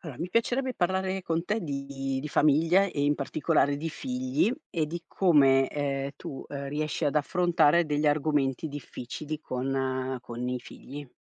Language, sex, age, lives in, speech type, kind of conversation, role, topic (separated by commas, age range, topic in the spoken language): Italian, female, 50-54, Italy, conversation, podcast, host, Come parli ai tuoi figli di temi difficili?